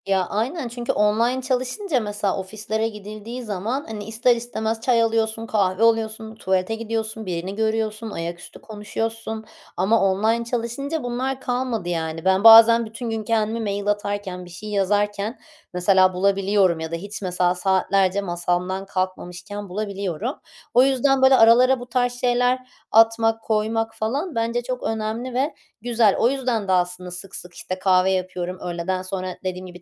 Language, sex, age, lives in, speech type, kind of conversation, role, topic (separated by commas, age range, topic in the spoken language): Turkish, female, 30-34, Netherlands, conversation, podcast, guest, Kahve ya da çay hazırlama ritüelinizi anlatır mısınız?